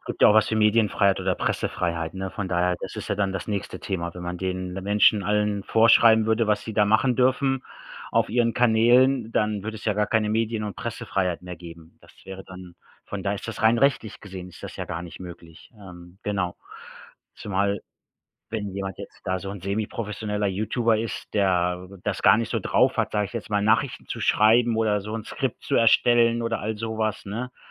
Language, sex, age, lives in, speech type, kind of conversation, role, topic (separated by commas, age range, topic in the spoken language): German, male, 45-49, Germany, conversation, unstructured, none, Wie sollten Medien deiner Meinung nach stärker über positive Ereignisse berichten?